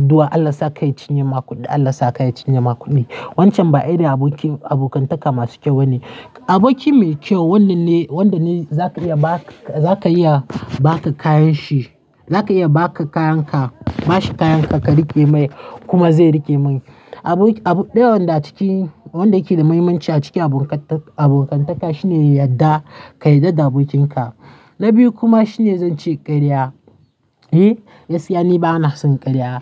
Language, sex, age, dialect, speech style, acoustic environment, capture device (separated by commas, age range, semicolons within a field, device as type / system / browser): Hausa, male, 18-24, Nigeria - Nigerian Standard, happy; nervous; tired; stressed, people talking; traffic; construction; music, mobile / Linux / Chrome